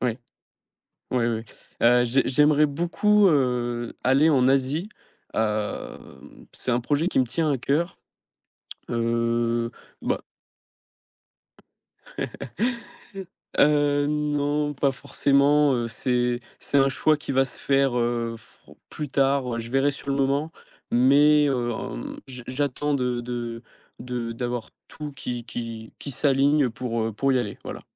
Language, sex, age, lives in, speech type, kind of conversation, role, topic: French, male, 18-19, France, conversation, podcast, guest, Qu’est-ce qui compte le plus pour toi dans la vie ?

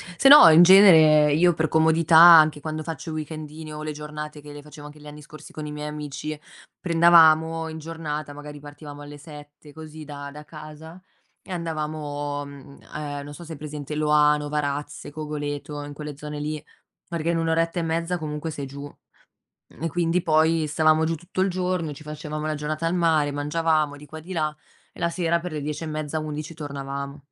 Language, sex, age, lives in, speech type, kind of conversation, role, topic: Italian, female, 25-29, Italy, conversation, unstructured, none, Che cosa ti piace di più delle avventure all’aria aperta?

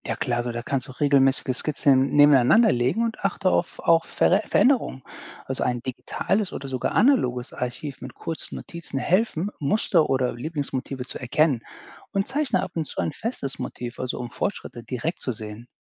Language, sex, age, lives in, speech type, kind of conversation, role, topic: German, male, 40-44, United States, read, expert-assistant, expert, Wie kann ich beim Zeichnen Schritt für Schritt besser werden, ohne dass es sich nach harter Arbeit anfühlt?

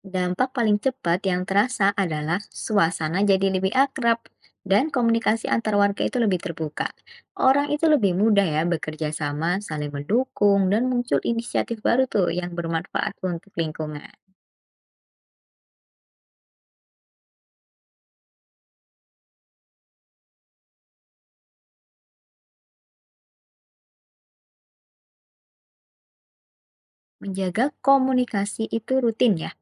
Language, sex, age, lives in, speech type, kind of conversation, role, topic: Indonesian, female, 20-24, Indonesia, read, expert-assistant, expert, Bagaimana cara mengatur kerja sama antara warga, komunitas, dan pemerintah agar lingkungan kota terasa nyaman untuk semua?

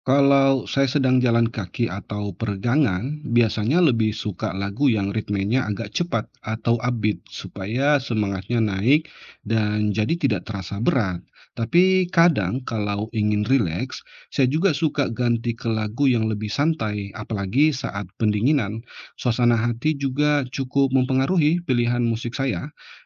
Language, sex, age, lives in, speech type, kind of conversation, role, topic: Indonesian, male, 35-39, Indonesia, read, expert-assistant, asker, Bagaimana Cara Aktivitas Fisik dan Musik Membantu Menjaga Kesehatan Mental Sehari-hari?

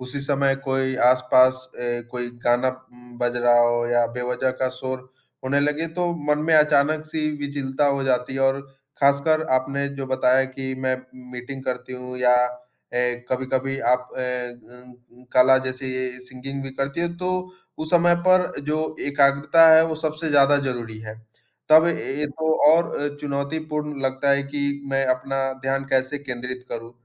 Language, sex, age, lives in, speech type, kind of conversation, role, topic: Hindi, male, 20-24, India, conversation, advice, advisor, क्या आप शोर-शराबे या ध्यान भटकाने वाली चीज़ों की वजह से ध्यान केंद्रित नहीं कर पा रहे हैं?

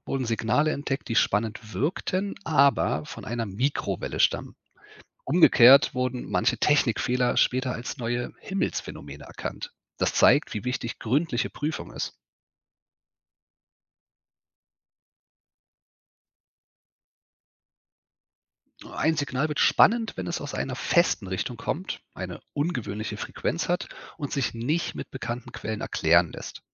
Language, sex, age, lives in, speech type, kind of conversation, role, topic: German, male, 35-39, Germany, read, expert-assistant, expert, Was macht Forscher so sicher, dass es Leben außerhalb der Erde geben könnte, und wie gehen sie bei der Suche vor?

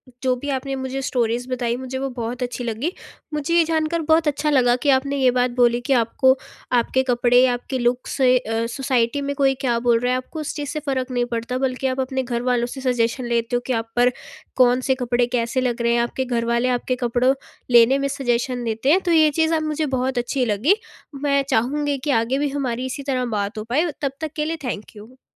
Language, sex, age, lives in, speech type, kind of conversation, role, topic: Hindi, female, 18-19, India, conversation, podcast, host, Kya aapne kabhi apne kapdon ya apne look se apni pehchaan dikhayi hai?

